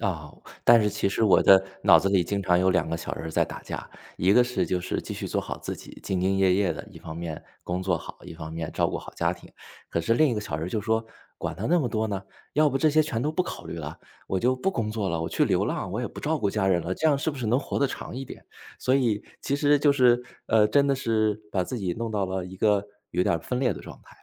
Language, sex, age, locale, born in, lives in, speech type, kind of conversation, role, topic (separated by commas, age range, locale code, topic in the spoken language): Chinese, male, 35-39, zh-CN, China, United States, conversation, advice, user, 家庭责任会如何影响你的锻炼时间和精力？